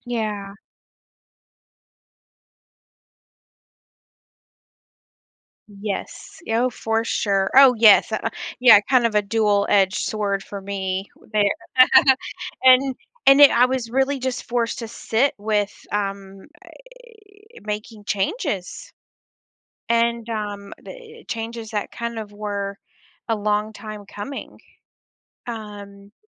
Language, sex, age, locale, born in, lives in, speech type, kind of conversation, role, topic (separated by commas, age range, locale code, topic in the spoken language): English, female, 35-39, en-US, United States, United States, conversation, podcast, guest, What experiences or influences have the biggest impact on how we see ourselves as we grow older?